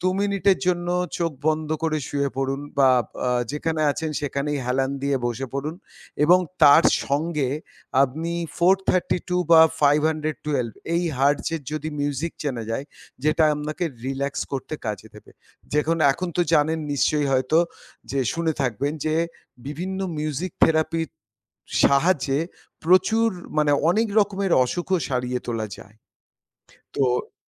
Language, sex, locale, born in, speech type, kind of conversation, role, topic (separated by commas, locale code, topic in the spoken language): Bengali, male, bn-IN, India, conversation, podcast, guest, দুই মিনিটে করা যায় এমন কোনো সহজ আরাম পাওয়ার কৌশল কি বলতে পারেন?